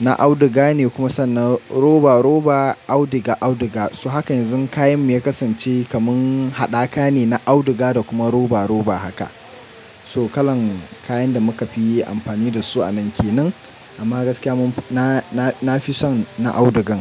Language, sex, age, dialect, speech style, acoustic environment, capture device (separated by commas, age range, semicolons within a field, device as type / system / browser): Hausa, male, 25-34, Nigeria - Nigerian Standard, focused; happy, nature; silence, mobile / Linux / Chrome